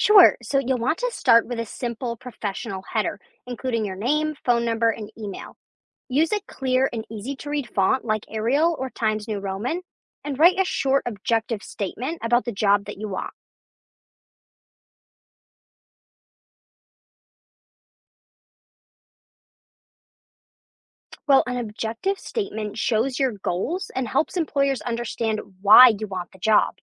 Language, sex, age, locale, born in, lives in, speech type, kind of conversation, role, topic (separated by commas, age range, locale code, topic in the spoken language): English, female, 20-24, en-US, United States, United States, read, expert-assistant, expert, Explain how to create a compelling resume.